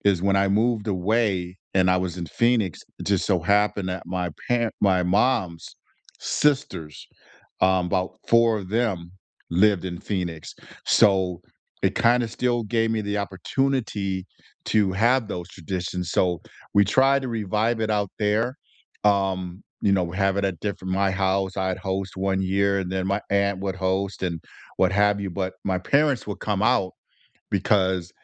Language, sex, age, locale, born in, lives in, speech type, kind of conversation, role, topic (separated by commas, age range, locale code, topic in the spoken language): English, male, 60-64, en-US, United States, United States, conversation, unstructured, none, What shared traditions would you love to start or revive together to deepen our connection?